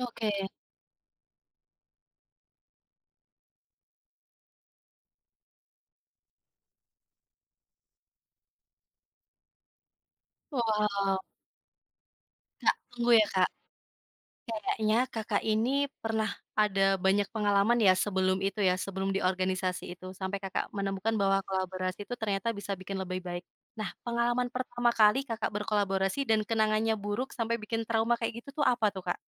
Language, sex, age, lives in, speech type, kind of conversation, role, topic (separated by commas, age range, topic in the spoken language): Indonesian, female, 25-29, Indonesia, conversation, podcast, host, Bagaimana kolaborasi mengubah cara kamu berkarya?